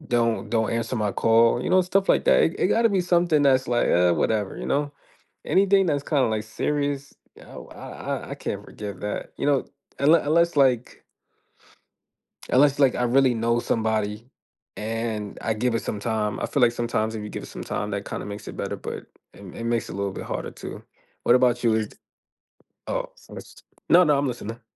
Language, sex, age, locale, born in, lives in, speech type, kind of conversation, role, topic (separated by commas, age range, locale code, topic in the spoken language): English, male, 25-29, en-US, United States, United States, conversation, unstructured, none, Should people forgive those who have committed serious wrongs?